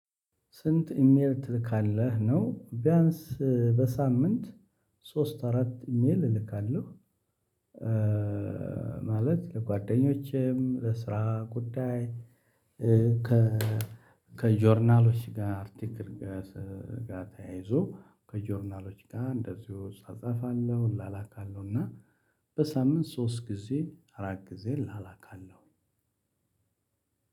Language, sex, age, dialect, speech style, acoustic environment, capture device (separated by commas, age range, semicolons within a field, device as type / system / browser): Amharic, male, 35-44, Ethiopia - Addis Ababa, happy; excited, silence, mobile / Linux / Chrome